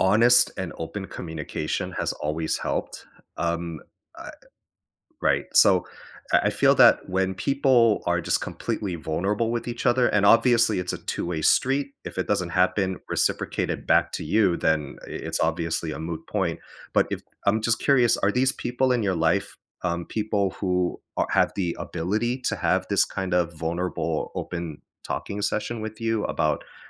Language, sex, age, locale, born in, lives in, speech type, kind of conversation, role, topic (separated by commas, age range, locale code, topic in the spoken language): English, male, 35-39, en-US, United States, United States, conversation, advice, advisor, How can I set boundaries with family members?